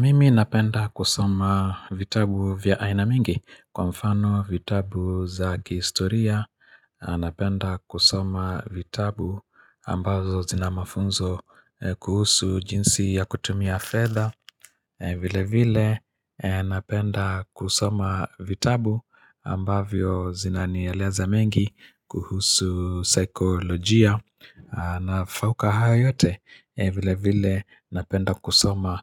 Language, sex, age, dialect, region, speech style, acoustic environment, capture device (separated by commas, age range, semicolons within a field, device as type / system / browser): Swahili, male, 45-54, Kenya - Nairobi Sheng-influenced, Kenya, happy; relaxed, nature; silence, mobile / Linux / Chrome